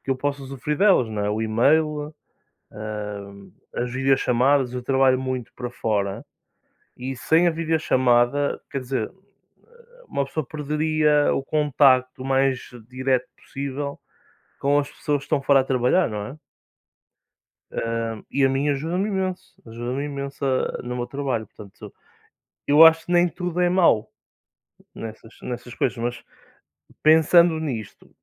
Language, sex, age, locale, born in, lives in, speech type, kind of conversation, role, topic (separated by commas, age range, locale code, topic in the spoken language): Portuguese, male, 20-24, pt-PT, Portugal, Portugal, conversation, unstructured, none, A tecnologia ajuda ou atrapalha o aprendizado?